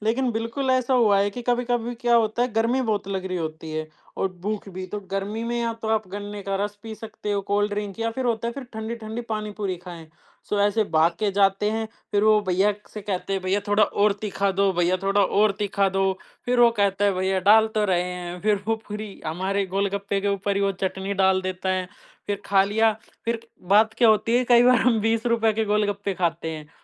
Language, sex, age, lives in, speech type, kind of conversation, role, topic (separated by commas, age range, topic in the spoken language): Hindi, male, 20-24, India, conversation, podcast, guest, Aisa kaunsa shehar ka local khaana hai jo aap aaj tak bhool nahi paaye, aur woh kya tha?